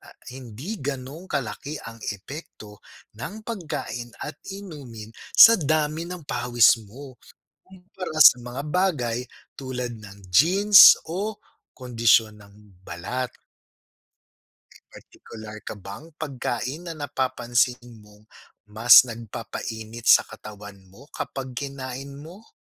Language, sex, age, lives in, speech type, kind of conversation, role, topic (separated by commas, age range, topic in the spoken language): Filipino, male, 35-39, Philippines, read, expert-assistant, expert, Paano nabubuo ang pawis sa katawan?